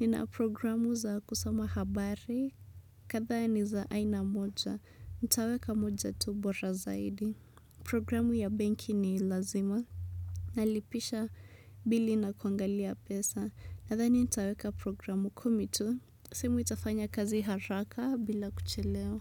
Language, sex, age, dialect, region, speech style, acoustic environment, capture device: Swahili, female, 25-34, Kenya - Nairobi Sheng-influenced, Kenya, relaxed, music, desktop / Windows / Chrome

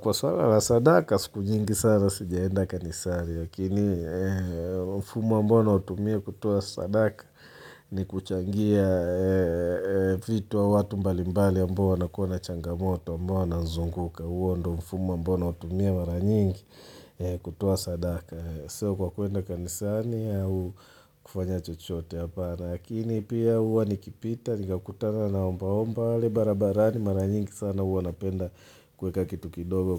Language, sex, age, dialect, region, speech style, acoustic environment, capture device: Swahili, male, 35-44, Tanzania - Dar es Salaam, Tanzania, relaxed, silence, mobile / Linux / Chrome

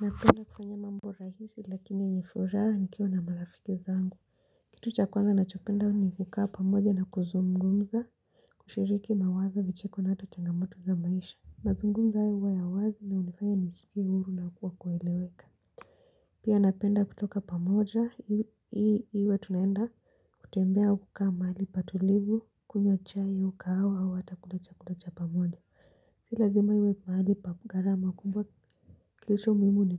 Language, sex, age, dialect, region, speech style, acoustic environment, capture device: Swahili, female, 18-24, Kenya - Mombasa, Kenya, relaxed, people talking; silence, mobile / Linux / Chrome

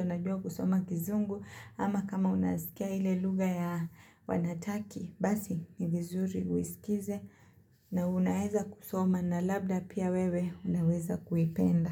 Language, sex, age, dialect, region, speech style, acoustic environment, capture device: Swahili, female, 25-34, Kenya - Mombasa, Kenya, focused, silence, mobile / Linux / Chrome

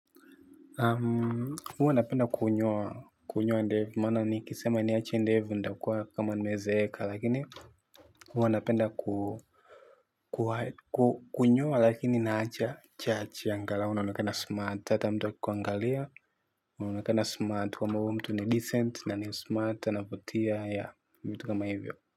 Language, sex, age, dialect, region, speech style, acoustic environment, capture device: Swahili, male, 18-24, Tanzania - Dar es Salaam, Tanzania, happy; focused, silence, mobile / Linux / Chrome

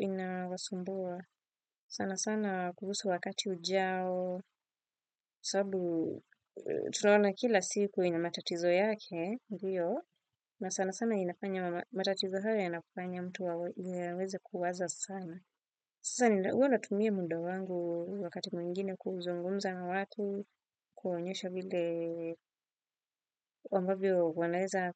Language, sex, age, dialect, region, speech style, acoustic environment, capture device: Swahili, female, 25-34, Kenya - Nairobi Sheng-influenced, Kenya, relaxed, silence, desktop / Windows / Firefox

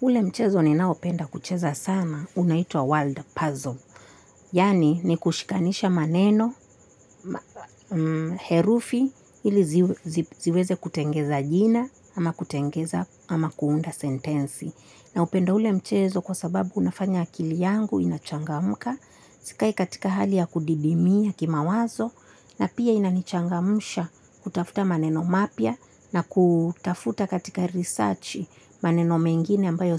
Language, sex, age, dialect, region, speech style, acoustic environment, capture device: Swahili, female, 25-34, Kenya - Mombasa, Kenya, relaxed, silence, mobile / Linux / Chrome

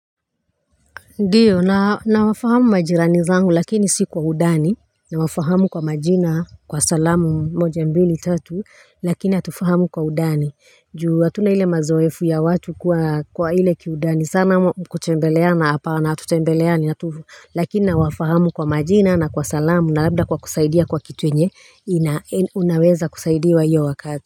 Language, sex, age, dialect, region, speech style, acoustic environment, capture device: Swahili, female, 35-44, Kenya - Nairobi Sheng-influenced, Kenya, relaxed, nature, mobile / Linux / Chrome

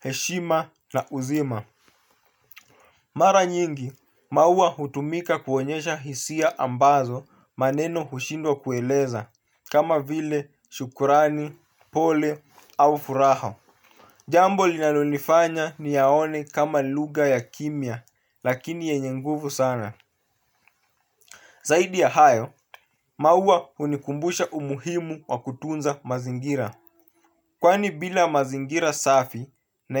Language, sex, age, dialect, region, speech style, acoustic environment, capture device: Swahili, male, 25-34, Kenya - Mombasa, Kenya, relaxed; focused, nature, mobile / Linux / Chrome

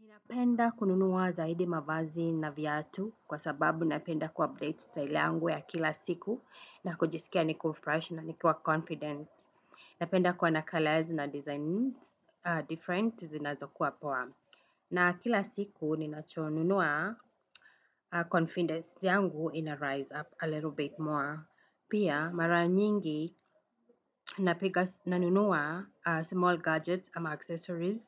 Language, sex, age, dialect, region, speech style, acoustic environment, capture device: Swahili, female, 35-44, Kenya - Nairobi Sheng-influenced, Kenya, happy, people talking; music; traffic, desktop / Windows / Chrome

